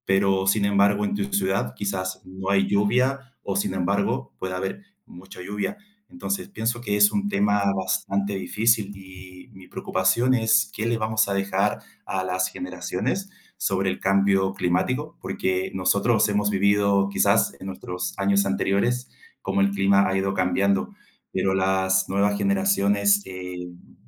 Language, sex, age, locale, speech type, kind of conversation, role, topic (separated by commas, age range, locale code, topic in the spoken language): Spanish, male, 30-34, es-CL, conversation, unstructured, none, ¿Cuál es tu opinión sobre el cambio climático?